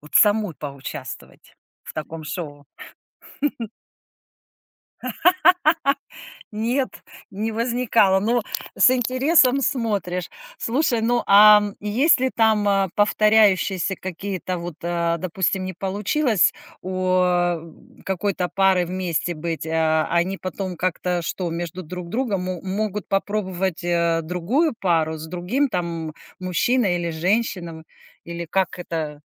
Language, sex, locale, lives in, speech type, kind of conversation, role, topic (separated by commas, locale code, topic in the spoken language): Russian, female, ru-RU, United States, conversation, podcast, host, Как ты выбираешь, что смотреть вечером после работы?